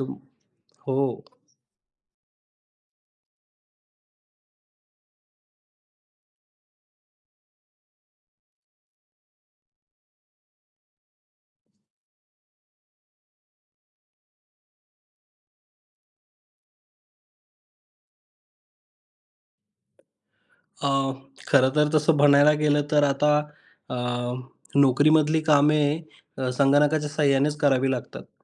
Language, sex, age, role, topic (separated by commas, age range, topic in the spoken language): Marathi, male, 25-29, guest, दैनिक कामांचे नियोजन तुम्ही डिजिटल साधनांच्या मदतीने कसे करता?